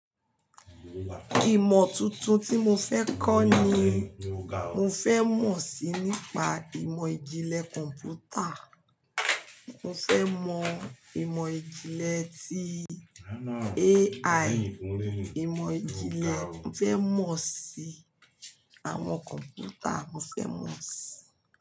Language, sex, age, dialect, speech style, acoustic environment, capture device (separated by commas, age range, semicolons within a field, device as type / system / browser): Yoruba, female, 45-54, Nigeria - Lagos Yoruba, relaxed, nature, mobile / Linux / Chrome